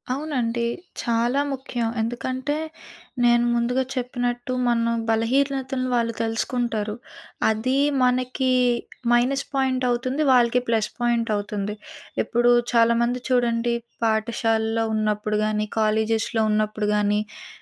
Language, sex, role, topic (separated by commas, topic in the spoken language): Telugu, female, guest, వ్యక్తిగత గోప్యత గురించి మీ అభిప్రాయం ఏమిటి?